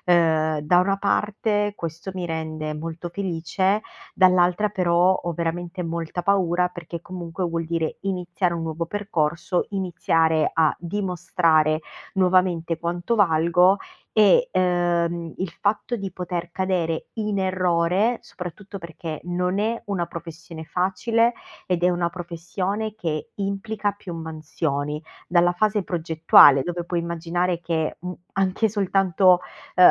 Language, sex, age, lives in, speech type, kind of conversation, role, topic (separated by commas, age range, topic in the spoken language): Italian, female, 30-34, Italy, conversation, advice, user, Quali blocchi legati al perfezionismo ti impediscono di iniziare nuovi progetti?